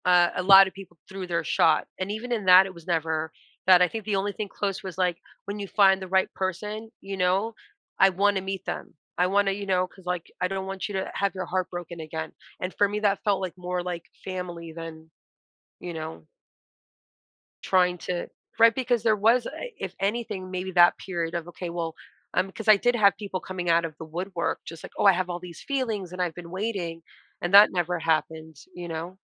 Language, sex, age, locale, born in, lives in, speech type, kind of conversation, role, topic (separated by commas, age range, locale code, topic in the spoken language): English, female, 40-44, en-US, United States, United States, conversation, podcast, guest, What qualities help friendships last through life's ups and downs?